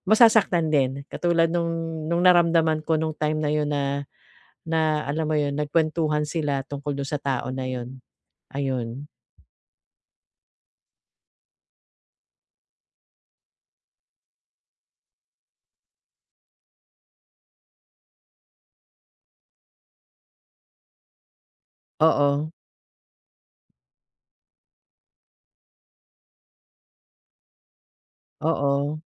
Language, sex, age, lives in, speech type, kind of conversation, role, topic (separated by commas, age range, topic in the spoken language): Filipino, female, 45-49, Philippines, conversation, advice, user, Paano ko maihihiwalay ang sarili kong halaga sa kritisismong natatanggap ko?